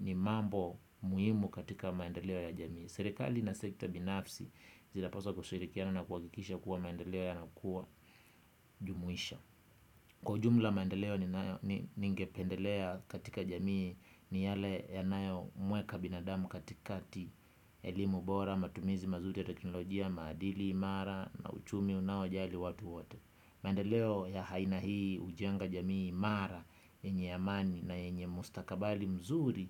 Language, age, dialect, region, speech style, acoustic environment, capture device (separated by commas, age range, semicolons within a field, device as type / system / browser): Swahili, 25-34, Kenya - Kitui, Kenya, relaxed; focused; excited; happy, nature, desktop / Windows / Chrome